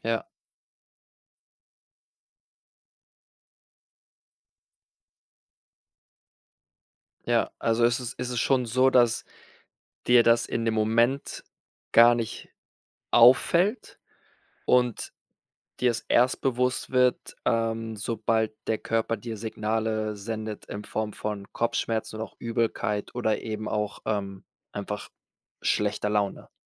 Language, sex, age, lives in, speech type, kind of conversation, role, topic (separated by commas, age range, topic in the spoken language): German, male, 25-29, Spain, conversation, podcast, host, Wie findest du wieder zu dir, wenn alles zu viel wird?